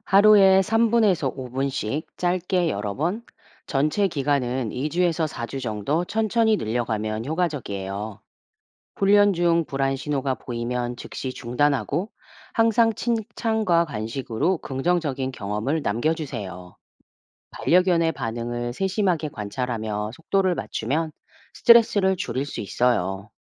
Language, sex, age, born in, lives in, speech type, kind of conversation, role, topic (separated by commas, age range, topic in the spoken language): Korean, female, 45-49, South Korea, United States, read, expert-assistant, expert, 바쁜 생활 속에서도 반려동물 건강을 지키는 나만의 루틴을 어떻게 만들 수 있을까요?